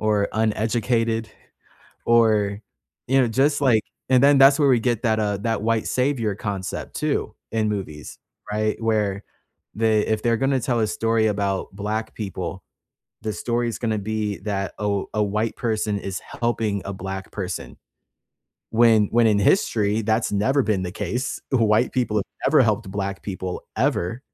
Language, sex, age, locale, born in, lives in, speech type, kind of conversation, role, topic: English, male, 25-29, en-US, United States, United States, conversation, unstructured, none, What bothers you about the lack of diversity in entertainment?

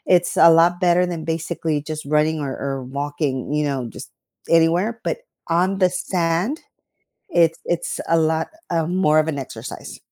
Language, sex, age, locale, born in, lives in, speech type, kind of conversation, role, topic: English, female, 40-44, en-US, United States, United States, conversation, unstructured, none, How do you like to unwind and recharge after a long day?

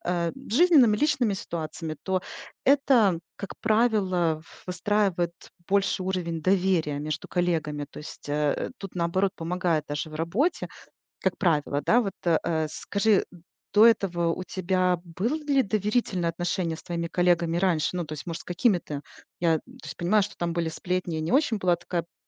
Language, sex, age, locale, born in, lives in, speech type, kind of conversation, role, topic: Russian, female, 40-44, ru-RU, Ukraine, United States, conversation, advice, advisor, Как мне выстроить доверие среди коллег и деловых партнёров?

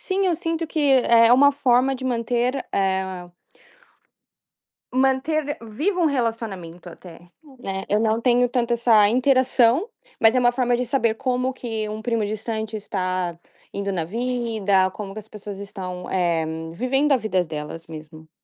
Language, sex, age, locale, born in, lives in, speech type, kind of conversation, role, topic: Portuguese, female, 20-24, pt-BR, Brazil, United States, conversation, podcast, guest, Como o celular entrou na sua rotina diária?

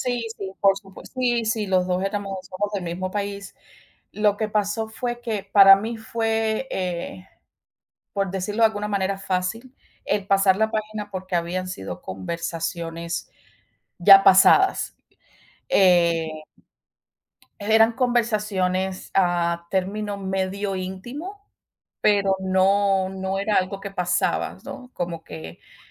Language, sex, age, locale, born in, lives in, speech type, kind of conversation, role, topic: Spanish, female, 40-44, es-CU, Cuba, United States, conversation, unstructured, none, ¿Se puede perdonar una infidelidad y por qué?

